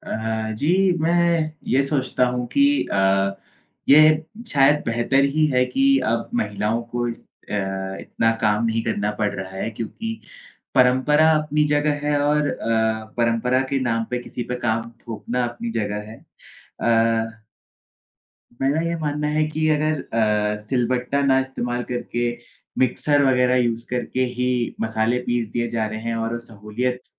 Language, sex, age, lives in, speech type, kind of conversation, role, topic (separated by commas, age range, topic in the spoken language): Hindi, male, 20-24, India, conversation, unstructured, none, क्या आप घर के स्वचालित उपकरणों का उपयोग करते हैं, और कैसे?